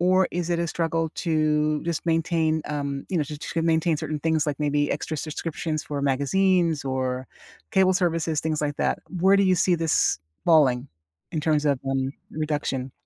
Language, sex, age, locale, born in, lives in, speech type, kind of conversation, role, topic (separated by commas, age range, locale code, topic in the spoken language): English, female, 60-64, en-US, United States, United States, conversation, advice, advisor, How can I lower my monthly expenses without missing out on things I enjoy?